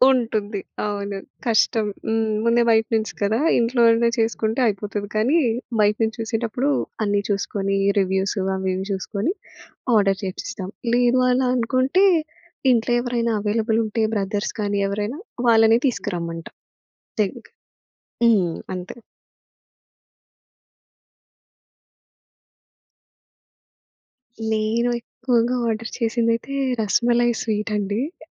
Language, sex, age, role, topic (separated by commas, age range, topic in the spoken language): Telugu, female, 25-29, guest, అతిథులను స్వాగతించేటప్పుడు మీరు పాటించే దశలు ఏమిటి?